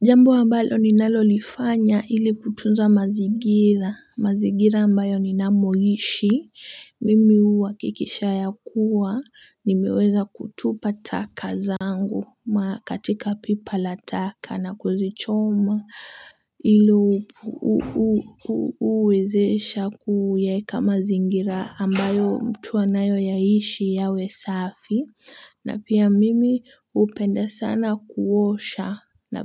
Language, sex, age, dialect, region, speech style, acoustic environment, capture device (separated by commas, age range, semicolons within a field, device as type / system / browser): Swahili, female, 18-24, Kenya - Mombasa, Kenya, happy, silence, mobile / Linux / Chrome